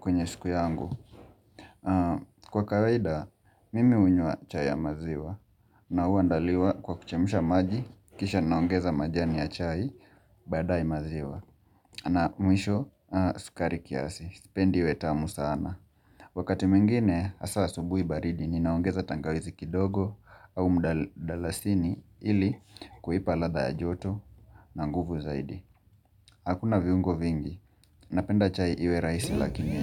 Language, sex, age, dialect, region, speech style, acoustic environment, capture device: Swahili, male, 25-34, Kenya - Mombasa, Kenya, relaxed, construction, mobile / Linux / Chrome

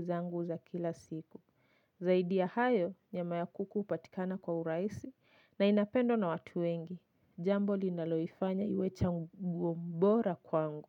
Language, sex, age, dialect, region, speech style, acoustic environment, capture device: Swahili, female, 25-34, Kenya - Nairobi Sheng-influenced, Kenya, focused, silence, mobile / Linux / Chrome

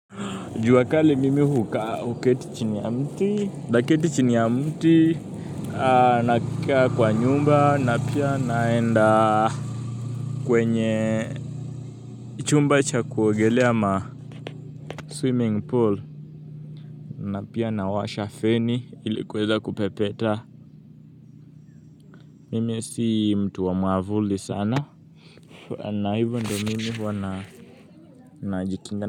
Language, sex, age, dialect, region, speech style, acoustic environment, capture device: Swahili, male, 18-24, Kenya - Nairobi Sheng-influenced, Kenya, happy, music, mobile / Linux / Chrome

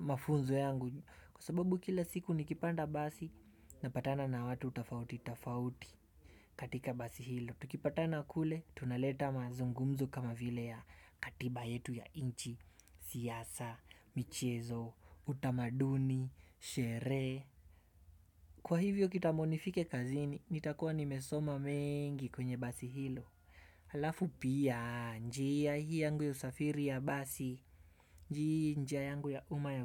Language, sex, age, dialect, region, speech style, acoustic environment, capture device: Swahili, male, 18-24, Kenya - Nairobi Sheng-influenced, Kenya, excited; focused, appliances; music, desktop / Windows / Chrome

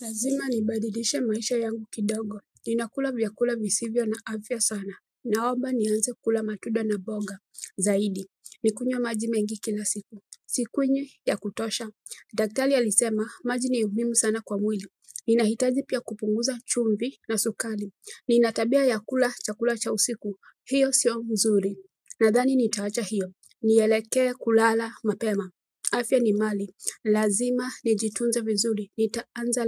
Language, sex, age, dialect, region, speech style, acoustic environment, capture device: Swahili, female, 35-44, Kenya - Nairobi Sheng-influenced, Kenya, focused, silence, mobile / Android / Chrome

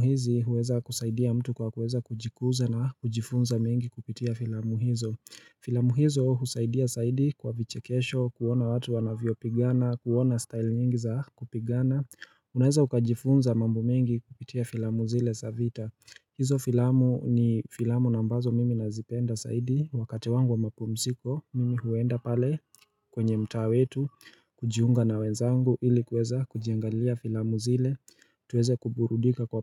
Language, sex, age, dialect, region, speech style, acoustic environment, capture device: Swahili, male, 25-34, Kenya - Mombasa, Kenya, relaxed, silence, mobile / Linux / Chrome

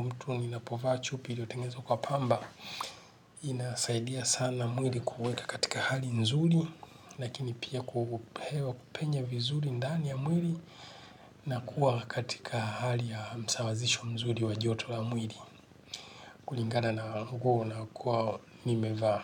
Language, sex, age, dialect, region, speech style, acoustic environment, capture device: Swahili, male, 45-54, Kenya - Nairobi Sheng-influenced, Kenya, relaxed; happy, nature; silence, desktop / Linux / Chrome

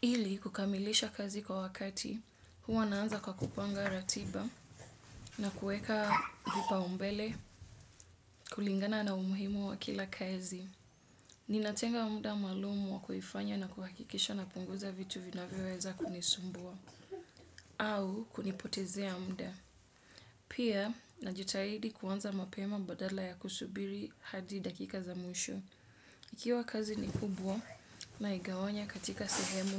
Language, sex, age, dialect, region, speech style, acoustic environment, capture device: Swahili, female, 25-34, Kenya - Mombasa, Kenya, relaxed, people talking; nature, desktop / Windows / Edge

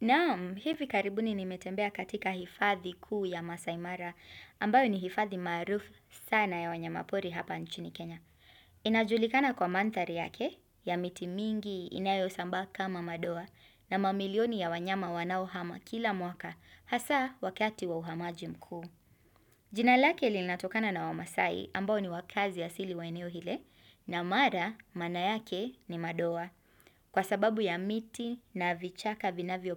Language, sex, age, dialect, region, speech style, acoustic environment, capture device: Swahili, female, 25-34, Kenya - Mombasa, Kenya, happy; nervous, nature, desktop / Windows / Chrome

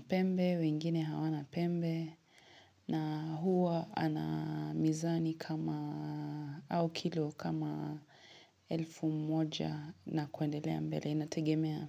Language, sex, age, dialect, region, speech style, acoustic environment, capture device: Swahili, female, 25-34, Kenya - Mombasa, Kenya, happy; relaxed; excited; focused, silence, mobile / Linux / Chrome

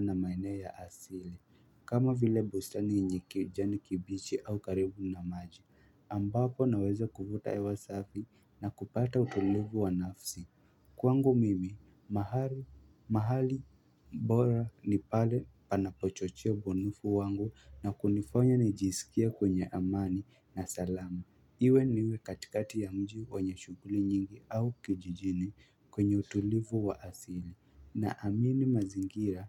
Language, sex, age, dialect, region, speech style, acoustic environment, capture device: Swahili, male, 18-24, Kenya - Nairobi Sheng-influenced, Kenya, happy, nature; silence, mobile / Linux / Chrome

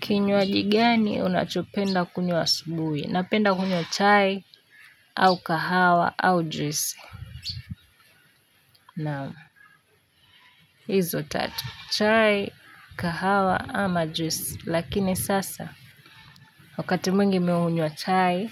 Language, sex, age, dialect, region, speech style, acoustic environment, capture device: Swahili, female, 18-24, Kenya - Nairobi Sheng-influenced, Kenya, relaxed, nature; silence; people talking, mobile / Linux / Chrome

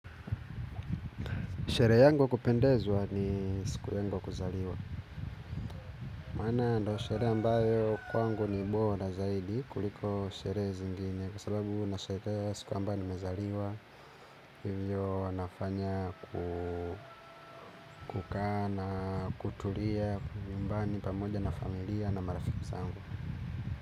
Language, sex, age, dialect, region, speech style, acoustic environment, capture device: Swahili, male, 25-34, Kenya - Nairobi Sheng-influenced, Kenya, happy, music, mobile / Linux / Chrome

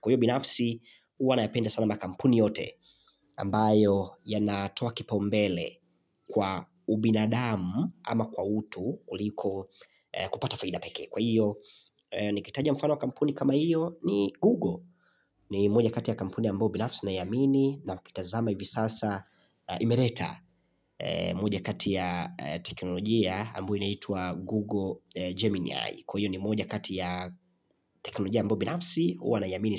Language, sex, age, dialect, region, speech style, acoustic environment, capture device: Swahili, male, 25-34, Tanzania - Dar es Salaam, Tanzania, excited; focused; relaxed, silence, mobile / Linux / Chrome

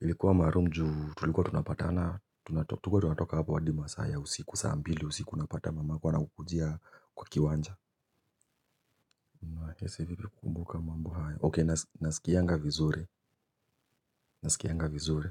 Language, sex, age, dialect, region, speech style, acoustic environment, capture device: Swahili, male, 25-34, Kenya - Nairobi Sheng-influenced, Kenya, happy, silence, mobile / Linux / Chrome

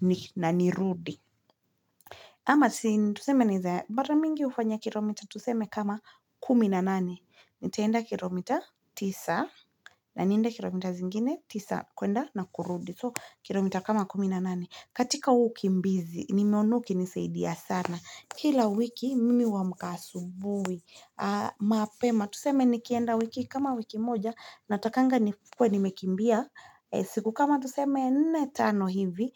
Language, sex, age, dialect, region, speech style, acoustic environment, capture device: Swahili, female, 25-34, Kenya - Nairobi Sheng-influenced, Kenya, relaxed; focused, silence, mobile / Linux / Chrome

